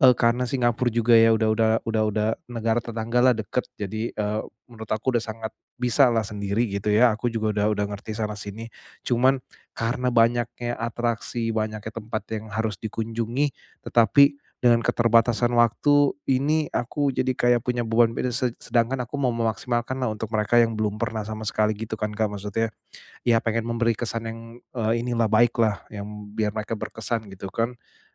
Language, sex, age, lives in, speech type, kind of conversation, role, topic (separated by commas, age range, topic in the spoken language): Indonesian, male, 35-39, Indonesia, conversation, advice, user, Bagaimana cara memaksimalkan waktu liburan yang terbatas untuk menjelajahi tempat baru?